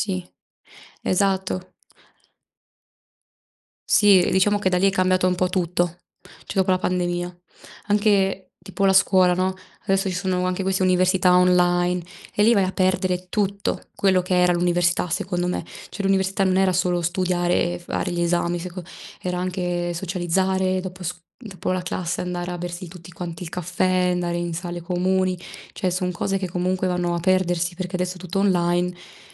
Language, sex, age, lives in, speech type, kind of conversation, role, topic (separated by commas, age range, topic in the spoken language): Italian, female, 20-24, Italy, conversation, unstructured, none, In che modo la tecnologia ti aiuta a restare in contatto con gli altri?